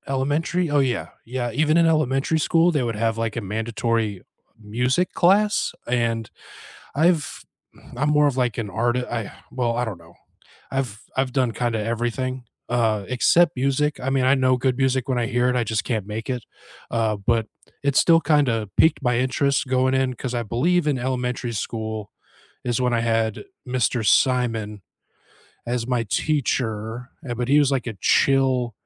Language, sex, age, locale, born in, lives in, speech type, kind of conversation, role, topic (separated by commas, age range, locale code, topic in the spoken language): English, male, 35-39, en-US, United States, United States, conversation, unstructured, none, How has your music taste evolved from your first musical crushes to your favorite artists today?